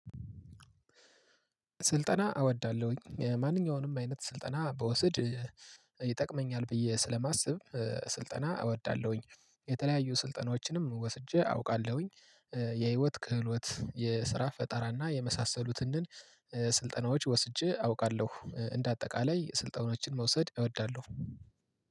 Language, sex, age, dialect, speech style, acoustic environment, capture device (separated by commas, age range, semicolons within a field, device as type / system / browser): Amharic, male, 25-34, Ethiopia - Gojjam, relaxed, nature, mobile / Linux / Chrome